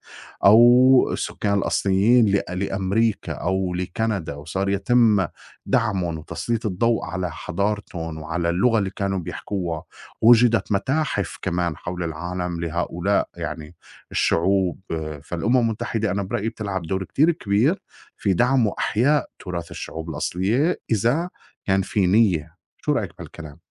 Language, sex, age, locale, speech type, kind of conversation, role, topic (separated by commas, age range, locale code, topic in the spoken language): Arabic, male, 40-44, ar-SY, conversation, unstructured, none, إيه رأيك في تأثير الاستعمار على الشعوب الأصلية؟